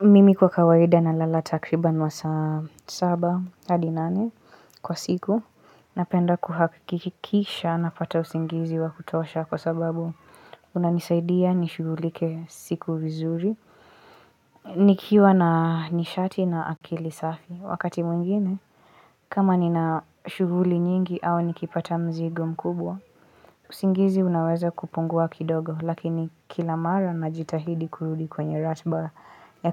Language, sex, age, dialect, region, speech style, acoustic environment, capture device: Swahili, female, 18-24, Kenya - Mombasa, Kenya, focused, silence, mobile / Linux / Chrome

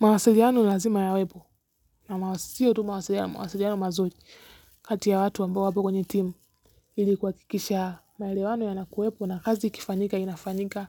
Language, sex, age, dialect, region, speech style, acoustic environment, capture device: Swahili, female, 18-24, Kenya - Nairobi Sheng-influenced, Kenya, relaxed; focused, silence; nature, mobile / Linux / Chrome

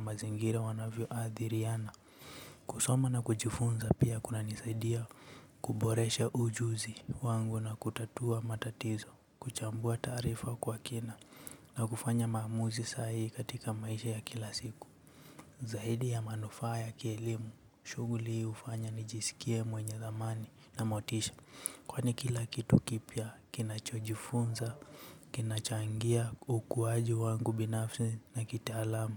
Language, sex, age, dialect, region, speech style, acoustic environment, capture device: Swahili, male, 18-24, Kenya - Nairobi Sheng-influenced, Kenya, relaxed, nature; silence, mobile / Linux / Chrome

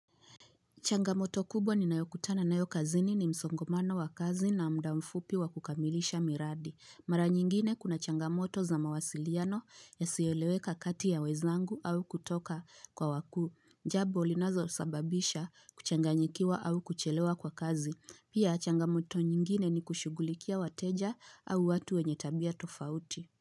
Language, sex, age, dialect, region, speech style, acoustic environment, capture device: Swahili, female, 25-34, Kenya - Nairobi Sheng-influenced, Kenya, focused, appliances, mobile / Linux / Chrome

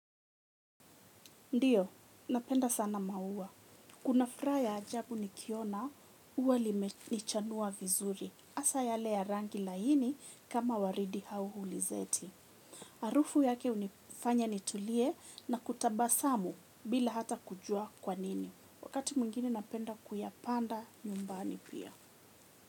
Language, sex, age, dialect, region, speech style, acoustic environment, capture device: Swahili, female, 25-34, Kenya - Nairobi Sheng-influenced, Kenya, happy, silence, mobile / Linux / Chrome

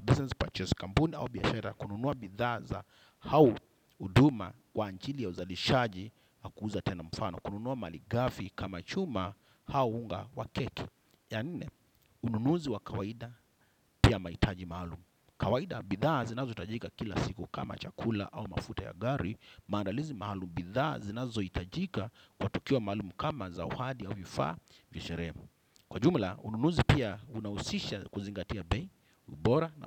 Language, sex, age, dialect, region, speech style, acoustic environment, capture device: Swahili, male, 25-34, Kenya - Mombasa, Kenya, happy, silence, desktop / Windows / Chrome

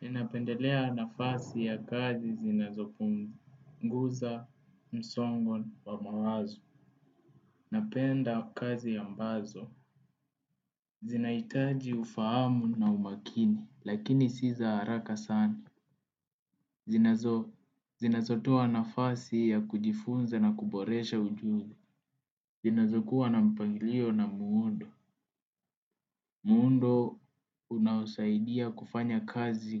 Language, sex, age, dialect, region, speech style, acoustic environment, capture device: Swahili, male, 18-24, Kenya - Nairobi Sheng-influenced, Kenya, focused, appliances, desktop / Windows / Edge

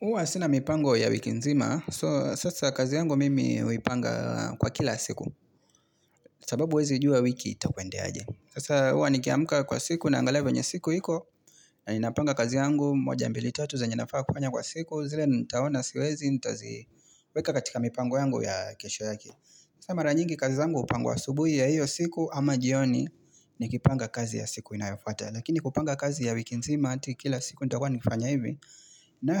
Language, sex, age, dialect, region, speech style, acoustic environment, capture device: Swahili, male, 25-34, Kenya - Mombasa, Kenya, relaxed, nature; appliances, mobile / Linux / Chrome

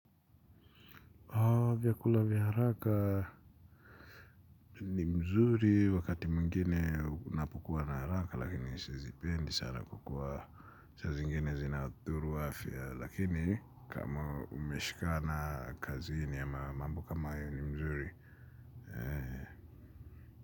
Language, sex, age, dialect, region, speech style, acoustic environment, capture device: Swahili, male, 25-34, Kenya - Nairobi Sheng-influenced, Kenya, anxious, nature, mobile / Linux / Chrome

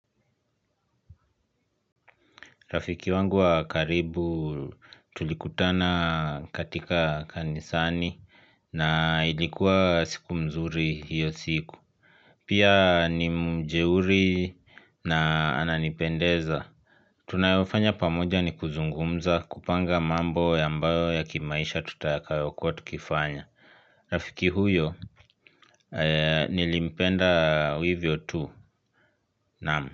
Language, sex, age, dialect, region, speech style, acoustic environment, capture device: Swahili, male, 25-34, Kenya - Nairobi Sheng-influenced, Kenya, happy, silence, mobile / Linux / Chrome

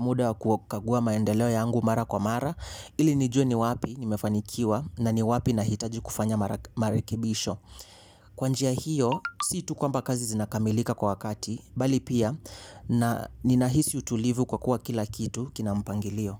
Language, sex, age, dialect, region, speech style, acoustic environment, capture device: Swahili, male, 18-24, Kenya - Mombasa, Kenya, focused, silence, mobile / Linux / Chrome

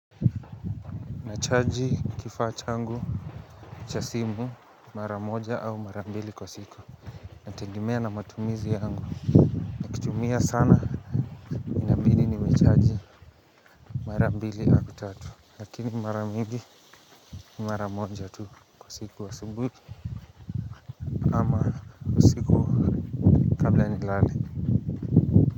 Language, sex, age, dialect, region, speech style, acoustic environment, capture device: Swahili, male, 18-24, Kenya - Nairobi Sheng-influenced, Kenya, relaxed, nature, mobile / Linux / Chrome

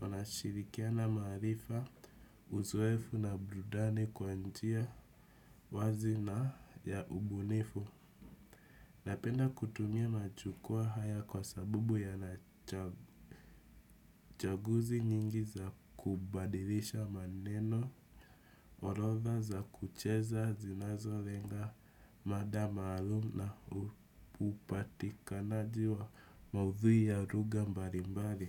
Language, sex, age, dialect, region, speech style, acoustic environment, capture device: Swahili, male, 18-24, Kenya - Nairobi Sheng-influenced, Kenya, relaxed, nature, desktop / Windows / Chrome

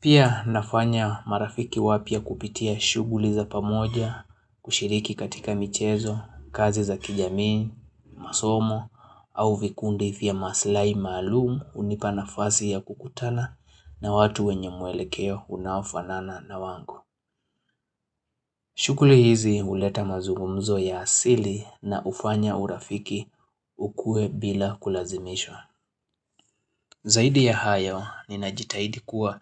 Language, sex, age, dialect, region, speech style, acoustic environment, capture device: Swahili, male, 25-34, Kenya - Nairobi Sheng-influenced, Kenya, relaxed; excited; happy, silence, mobile / Linux / Chrome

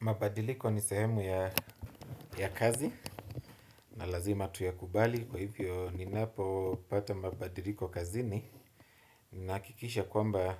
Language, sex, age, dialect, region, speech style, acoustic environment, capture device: Swahili, male, 25-34, Kenya - Nairobi Sheng-influenced, Kenya, relaxed; focused, silence, desktop / Windows / Chrome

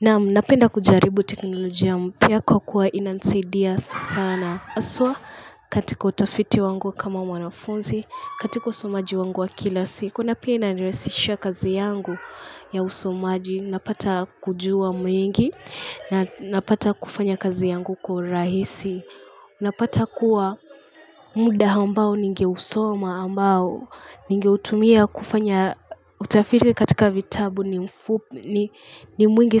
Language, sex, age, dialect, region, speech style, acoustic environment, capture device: Swahili, female, 18-24, Kenya - Mombasa, Kenya, focused, silence, mobile / Linux / Chrome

